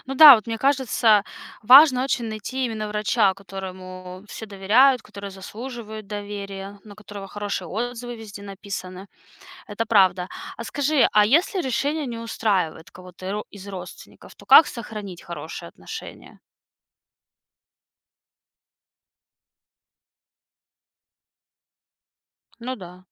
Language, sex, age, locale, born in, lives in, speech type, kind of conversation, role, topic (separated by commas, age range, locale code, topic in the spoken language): Russian, female, 30-34, ru-RU, Russia, United States, read, expert-assistant, asker, Что делать, если в семье разные взгляды на вакцинацию и профилактику болезней?